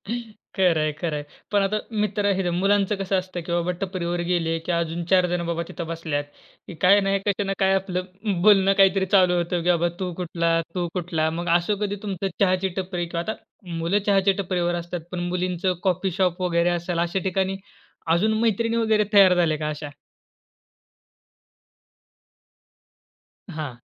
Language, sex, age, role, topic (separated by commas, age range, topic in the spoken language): Marathi, male, 20-24, host, अन्न-पाण्याच्या निमित्ताने नाती कशी जुळली?